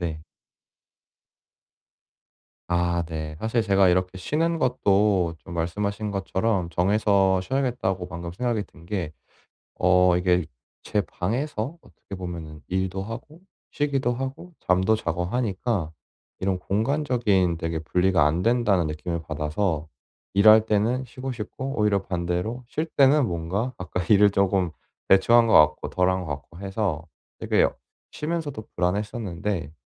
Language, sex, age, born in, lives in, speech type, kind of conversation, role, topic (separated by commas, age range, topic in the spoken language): Korean, male, 25-29, South Korea, South Korea, conversation, advice, user, 집중할 때 방해를 줄이고 오랫동안 집중력을 유지하려면 어떻게 해야 하나요?